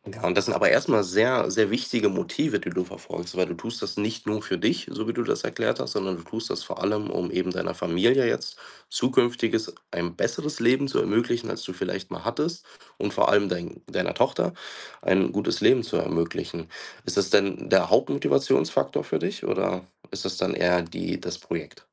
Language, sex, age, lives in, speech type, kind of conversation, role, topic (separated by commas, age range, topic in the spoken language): German, male, 25-29, Germany, conversation, advice, advisor, Wie kann ich es schaffen, länger tief konzentriert zu arbeiten?